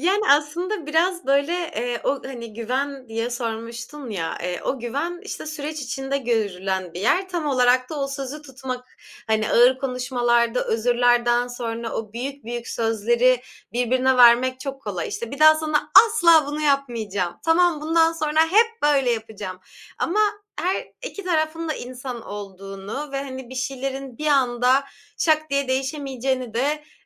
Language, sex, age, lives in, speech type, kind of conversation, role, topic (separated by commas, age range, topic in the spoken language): Turkish, female, 25-29, Germany, conversation, podcast, guest, Ağır sözler söyledikten sonra bir ilişkiyi onarmak için ne yaparsın?